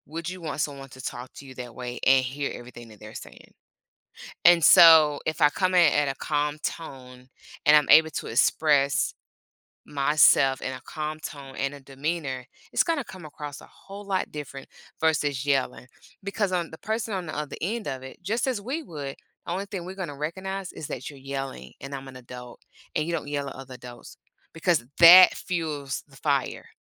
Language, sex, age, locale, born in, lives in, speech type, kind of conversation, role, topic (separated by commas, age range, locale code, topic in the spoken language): English, female, 45-49, en-US, United States, United States, conversation, podcast, guest, What are effective ways to communicate your emotions while maintaining healthy relationships?